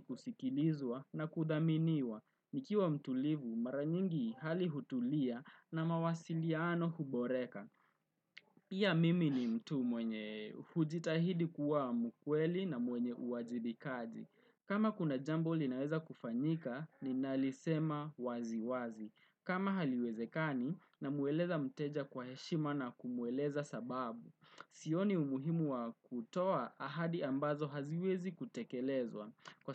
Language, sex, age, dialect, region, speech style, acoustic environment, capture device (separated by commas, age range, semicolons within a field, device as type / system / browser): Swahili, male, 18-24, Kenya - Nairobi Sheng-influenced, Kenya, relaxed, traffic, desktop / Windows / Chrome